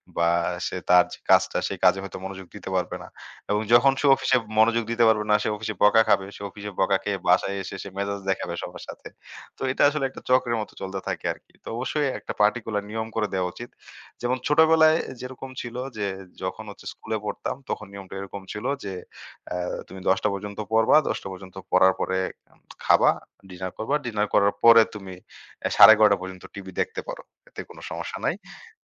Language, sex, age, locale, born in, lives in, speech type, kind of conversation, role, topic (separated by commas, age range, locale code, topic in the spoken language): Bengali, male, 25-29, bn-BD, Bangladesh, Bangladesh, conversation, podcast, guest, আপনার বাড়িতে ফোন বা টেলিভিশন ব্যবহারের কোনো নিয়ম আছে কি?